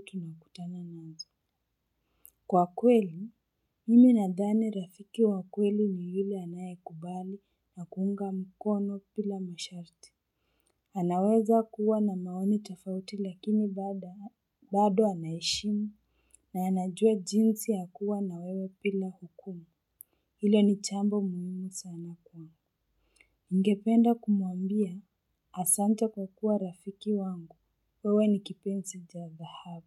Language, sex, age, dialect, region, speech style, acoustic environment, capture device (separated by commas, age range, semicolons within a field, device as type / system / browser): Swahili, female, 18-24, Kenya - Nairobi Sheng-influenced, Kenya, stressed, people talking, mobile / Linux / Chrome